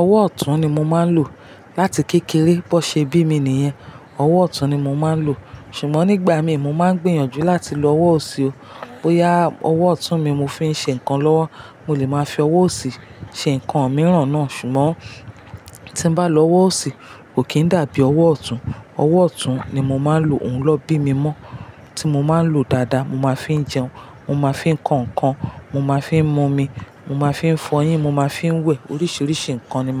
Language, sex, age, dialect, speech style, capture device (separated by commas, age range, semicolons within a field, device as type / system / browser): Yoruba, female, 35-44, Nigeria - Lagos Yoruba, relaxed, mobile / Linux / Chrome